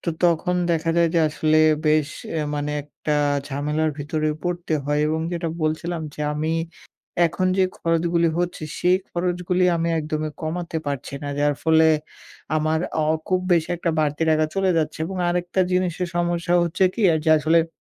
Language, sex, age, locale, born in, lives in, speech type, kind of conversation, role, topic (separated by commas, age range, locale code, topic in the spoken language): Bengali, male, 18-19, bn-BD, Bangladesh, Bangladesh, conversation, advice, user, মাসশেষে টাকা না টেকে বেতন শেষ হওয়ার আগেই খরচ বেড়ে গেলে আপনি আপনার পরিস্থিতি কীভাবে বর্ণনা করবেন?